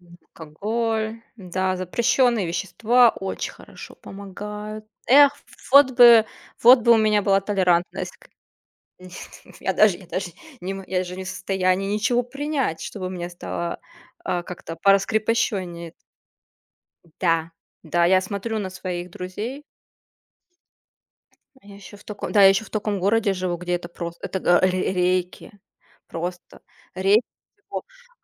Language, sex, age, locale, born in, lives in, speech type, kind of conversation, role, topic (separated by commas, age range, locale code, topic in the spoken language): Russian, female, 30-34, ru-RU, Russia, Germany, conversation, unstructured, none, Почему люди иногда боятся новых людей в своём окружении?